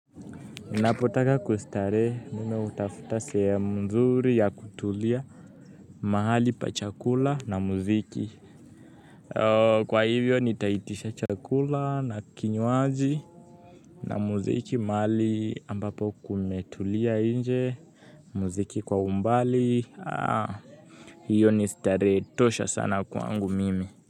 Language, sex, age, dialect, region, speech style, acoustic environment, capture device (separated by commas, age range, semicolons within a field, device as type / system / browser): Swahili, male, 18-24, Kenya - Nairobi Sheng-influenced, Kenya, happy, music, mobile / Linux / Chrome